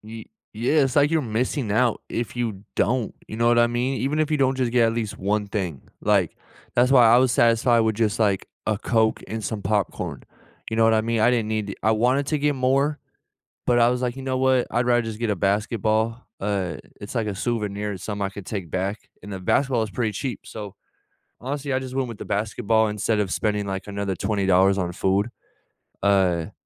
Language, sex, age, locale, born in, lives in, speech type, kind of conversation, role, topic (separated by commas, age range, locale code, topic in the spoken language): English, male, 25-29, en-US, United States, United States, conversation, unstructured, none, What simple, nourishing comfort foods do you reach for on tough or busy days, and why?